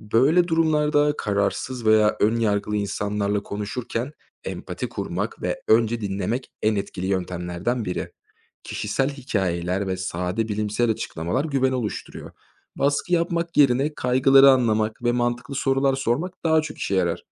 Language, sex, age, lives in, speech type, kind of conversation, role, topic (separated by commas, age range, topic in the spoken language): Turkish, male, 25-29, Germany, read, expert-assistant, expert, Aşı olma kararı kişisel bir hak mı, yoksa toplumun sağlığı için bir sorumluluk mu olmalı?